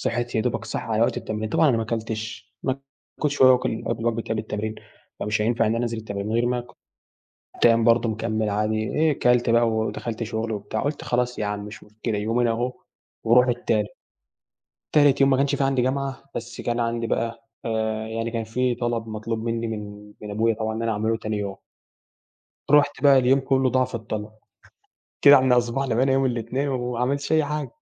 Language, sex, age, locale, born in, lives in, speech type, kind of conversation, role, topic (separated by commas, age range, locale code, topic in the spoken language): Arabic, male, 18-19, ar-EG, Egypt, Egypt, conversation, podcast, guest, إزاي بتلاقي وقت للرياضة وسط مشاغلك؟